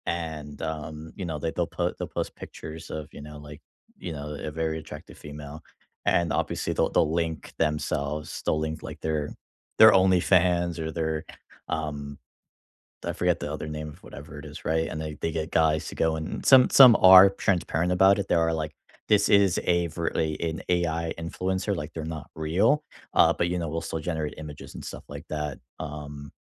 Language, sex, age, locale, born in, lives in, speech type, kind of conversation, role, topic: English, male, 25-29, en-US, United States, United States, conversation, unstructured, none, How do you stay curious and keep learning outside of work?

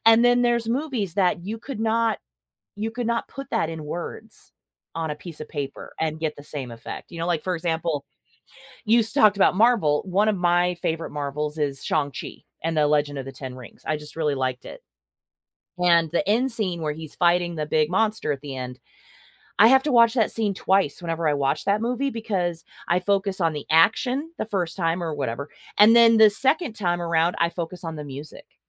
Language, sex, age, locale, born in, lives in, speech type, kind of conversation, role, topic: English, female, 45-49, en-US, United States, United States, conversation, podcast, host, Why do some movies leave a lasting impression on us while others fade from memory?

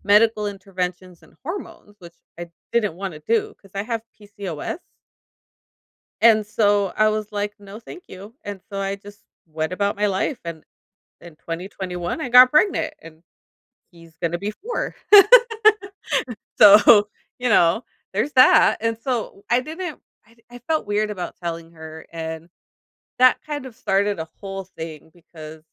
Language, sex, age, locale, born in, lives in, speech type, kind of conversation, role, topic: English, female, 35-39, en-US, United States, United States, conversation, advice, user, How can I improve my relationships with friends?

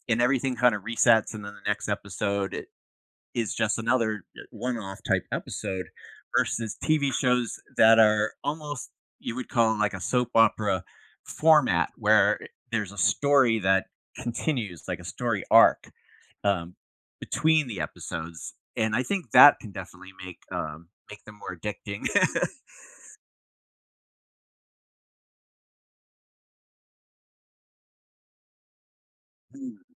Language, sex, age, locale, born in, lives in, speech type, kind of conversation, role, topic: English, male, 55-59, en-US, United States, United States, conversation, podcast, guest, What draws people in and keeps them watching a TV show episode after episode?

